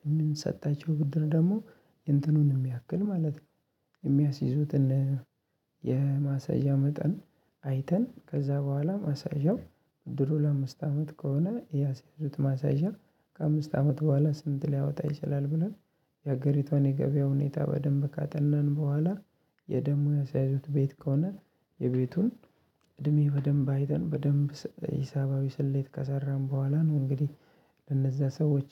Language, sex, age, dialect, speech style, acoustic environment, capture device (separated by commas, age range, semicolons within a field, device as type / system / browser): Amharic, male, 25-34, Ethiopia - Addis Ababa, happy, nature, mobile / Linux / Chrome